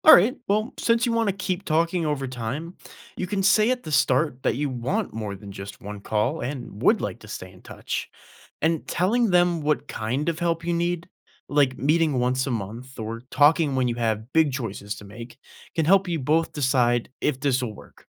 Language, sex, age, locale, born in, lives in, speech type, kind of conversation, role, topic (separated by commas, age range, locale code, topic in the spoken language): English, male, 20-24, en-US, United States, United States, read, expert-assistant, expert, What are the benefits of having a mentor?